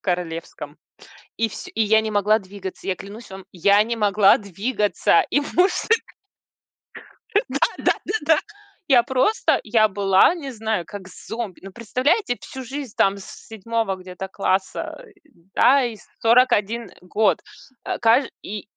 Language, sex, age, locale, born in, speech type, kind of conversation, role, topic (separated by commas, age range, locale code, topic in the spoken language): Russian, female, 40-44, ru-RU, Russia, conversation, unstructured, none, Какие небольшие привычки делают твой день лучше?